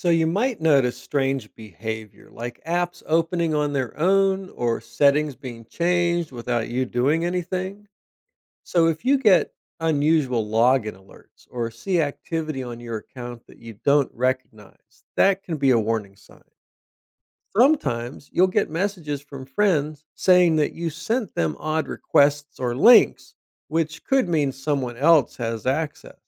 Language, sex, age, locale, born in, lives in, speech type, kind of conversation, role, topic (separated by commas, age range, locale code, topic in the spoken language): English, male, 50-54, en-US, United States, United States, read, expert-assistant, expert, What would happen if everyone had a VR headset?